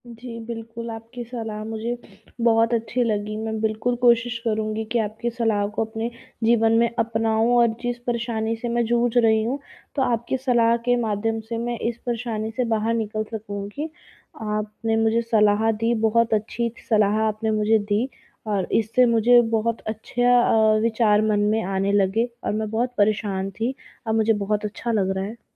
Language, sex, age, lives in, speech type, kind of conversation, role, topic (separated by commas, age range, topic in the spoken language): Hindi, female, 20-24, India, conversation, advice, user, मैं समारोह में दूसरों की अपेक्षाओं से कैसे मुक्त रहूँ?